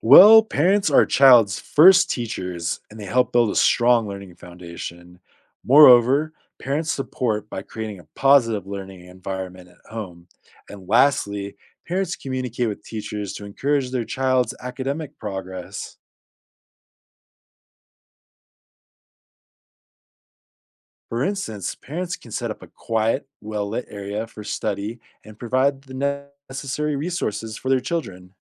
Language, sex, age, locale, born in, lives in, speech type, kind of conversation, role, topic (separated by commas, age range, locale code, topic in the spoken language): English, male, 30-34, en-US, United States, United States, read, expert-assistant, expert, What role do parents play in a child's educational journey?